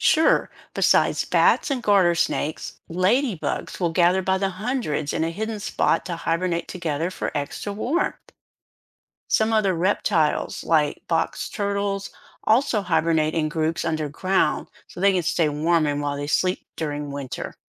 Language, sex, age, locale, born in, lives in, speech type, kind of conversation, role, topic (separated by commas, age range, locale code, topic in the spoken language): English, female, 60-64, en-US, United States, United States, read, expert-assistant, expert, Why do some animals hibernate during winter?